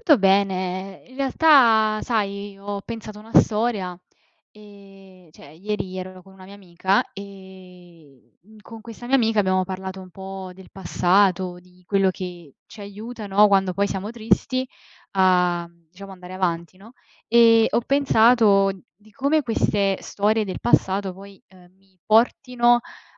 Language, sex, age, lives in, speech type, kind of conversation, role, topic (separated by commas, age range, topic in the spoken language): Italian, female, 20-24, Italy, conversation, unstructured, none, Qual è una storia del passato che ti fa sorridere?